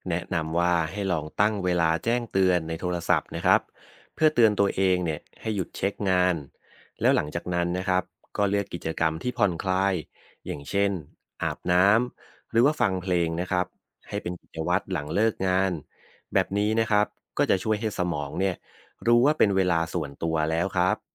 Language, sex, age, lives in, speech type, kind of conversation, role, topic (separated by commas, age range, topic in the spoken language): Thai, male, 30-34, Thailand, read, expert-assistant, expert, วิธีสร้างนิสัยใหม่ ๆ เพื่อส่งเสริมสุขภาพจิตที่มั่นคงในระยะยาว